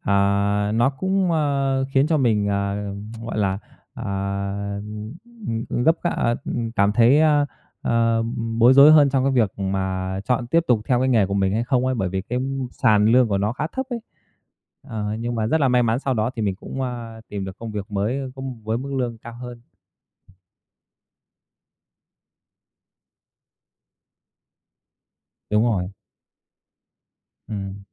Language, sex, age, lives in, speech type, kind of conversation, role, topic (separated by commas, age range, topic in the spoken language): Vietnamese, male, 30-34, Vietnam, conversation, podcast, guest, Lần phỏng vấn nào là đáng nhớ nhất đối với bạn?